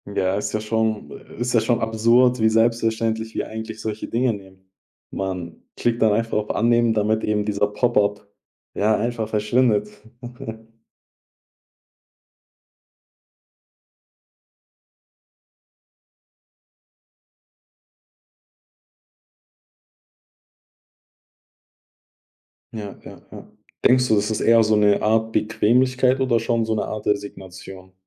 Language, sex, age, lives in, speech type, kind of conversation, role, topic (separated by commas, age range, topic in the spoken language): German, male, 20-24, Germany, conversation, podcast, host, Was wird Datenschutz künftig für uns alle bedeuten?